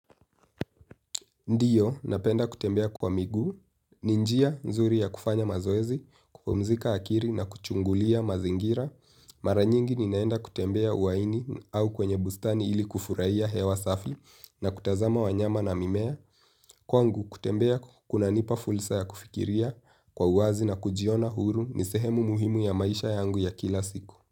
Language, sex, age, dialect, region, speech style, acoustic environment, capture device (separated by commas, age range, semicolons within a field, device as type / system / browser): Swahili, male, 18-24, Kenya - Nairobi Sheng-influenced, Kenya, relaxed; happy; focused, nature; silence, mobile / Linux / Chrome